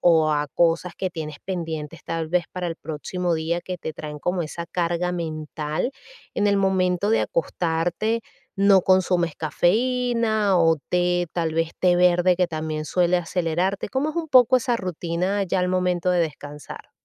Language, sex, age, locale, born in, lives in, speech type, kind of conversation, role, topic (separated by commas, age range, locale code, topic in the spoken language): Spanish, female, 40-44, es-VE, Venezuela, United States, conversation, advice, advisor, ¿Cómo puedo mejorar la calidad de mi sueño nocturno para despertarme con más energía cada mañana?